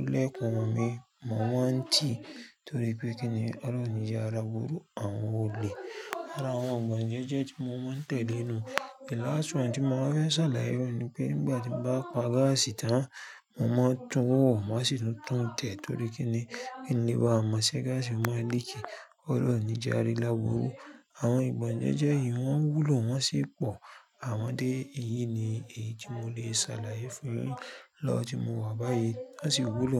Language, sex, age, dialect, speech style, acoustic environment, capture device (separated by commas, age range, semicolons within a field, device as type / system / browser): Yoruba, female, 35-44, Nigeria - Lagos Yoruba, focused, music; people talking, mobile / Linux / Chrome